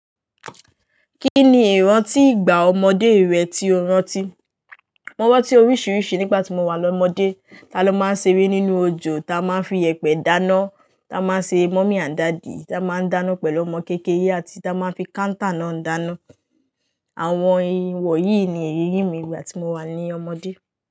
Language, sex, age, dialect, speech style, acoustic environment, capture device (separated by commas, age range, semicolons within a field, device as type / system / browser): Yoruba, female, 18-24, Nigeria - Lagos Yoruba, happy, nature, mobile / Linux / Chrome